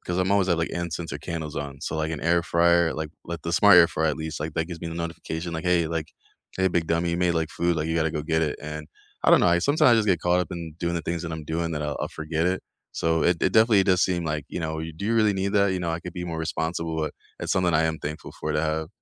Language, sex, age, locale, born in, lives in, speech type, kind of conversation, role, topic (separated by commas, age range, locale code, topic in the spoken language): English, male, 25-29, en-US, United States, United States, conversation, unstructured, none, Which home smart devices have surprised you by being actually useful?